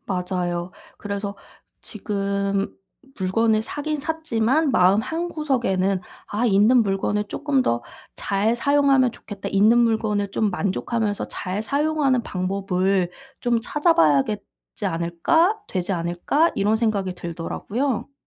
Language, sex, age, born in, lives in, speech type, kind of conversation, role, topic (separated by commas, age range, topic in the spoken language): Korean, female, 30-34, South Korea, South Korea, conversation, advice, user, 지금 가진 물건들에 더 감사하는 습관을 어떻게 기를 수 있을까요?